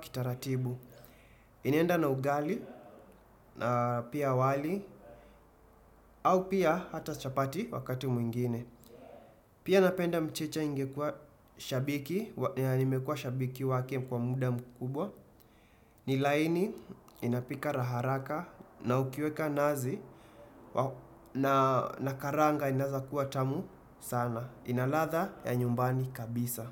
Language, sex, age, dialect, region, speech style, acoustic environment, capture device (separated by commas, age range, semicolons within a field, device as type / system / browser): Swahili, male, 25-34, Kenya - Nairobi Sheng-influenced, Kenya, happy, silence, desktop / Windows / Chrome